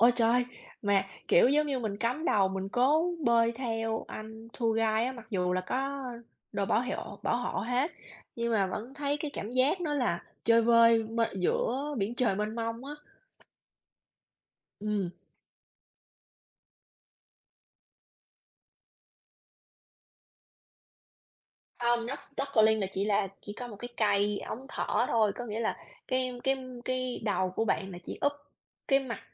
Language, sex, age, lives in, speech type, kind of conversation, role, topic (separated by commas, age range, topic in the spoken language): Vietnamese, female, 35-39, United States, conversation, unstructured, none, Bạn đã bao giờ gặp tình huống bất ngờ nào khi lặn biển chưa?